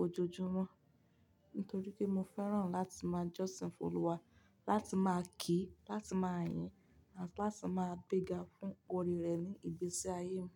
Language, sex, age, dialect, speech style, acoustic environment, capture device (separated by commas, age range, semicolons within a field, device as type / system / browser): Yoruba, female, 18-24, Nigeria - Ibadan, focused, silence; nature, mobile / Linux / Chrome